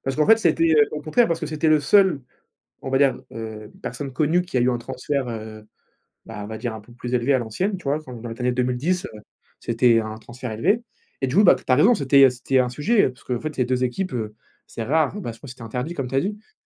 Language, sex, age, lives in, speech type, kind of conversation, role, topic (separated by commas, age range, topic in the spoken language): French, male, 20-24, France, conversation, unstructured, none, Quelle est ta plus belle découverte en voyage ?